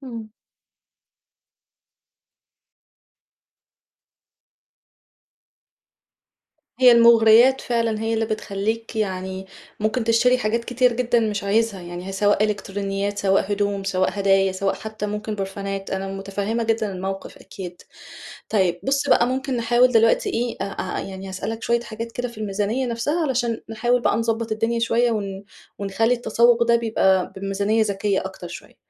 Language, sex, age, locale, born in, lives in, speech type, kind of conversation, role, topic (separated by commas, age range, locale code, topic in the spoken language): Arabic, female, 35-39, ar-EG, Egypt, Egypt, conversation, advice, advisor, إزاي أشتري هدوم وهدايا بميزانية محدودة من غير ما أضحي بالجودة والشياكة؟